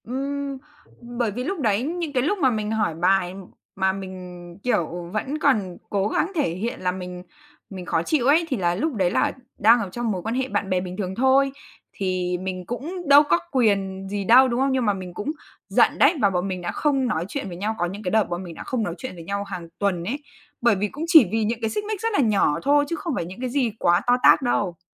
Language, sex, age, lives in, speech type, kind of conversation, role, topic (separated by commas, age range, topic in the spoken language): Vietnamese, female, 20-24, Vietnam, conversation, podcast, guest, Bạn có thể kể về một tình bạn đã ảnh hưởng lớn đến bạn không?